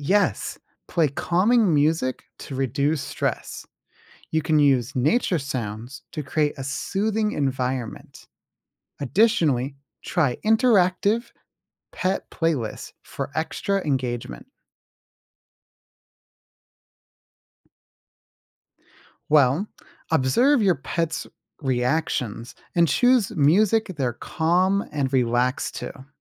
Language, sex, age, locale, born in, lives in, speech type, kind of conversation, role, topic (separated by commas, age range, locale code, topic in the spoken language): English, male, 20-24, en-US, United States, United States, read, expert-assistant, expert, How do I provide enrichment for my pet in the winter?